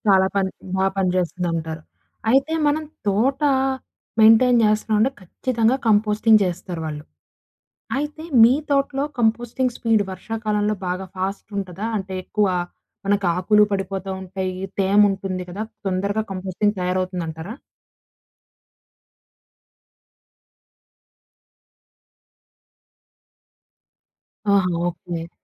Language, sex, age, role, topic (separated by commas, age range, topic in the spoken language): Telugu, female, 20-24, host, వర్షాల వల్ల పొలాలు, తోటల్లో ఎలాంటి మార్పులు వస్తాయని మీరు అనుకుంటున్నారు?